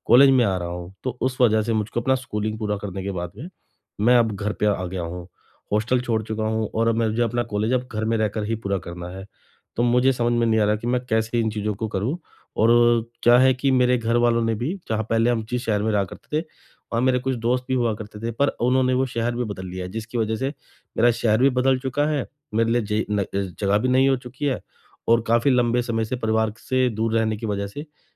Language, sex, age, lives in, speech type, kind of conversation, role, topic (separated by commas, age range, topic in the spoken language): Hindi, male, 35-39, India, conversation, advice, user, नई जगह पर परिवार के साथ रहते हुए मैं दैनिक जीवन और आदतों के बदलाव को कैसे अच्छे से संभालूं?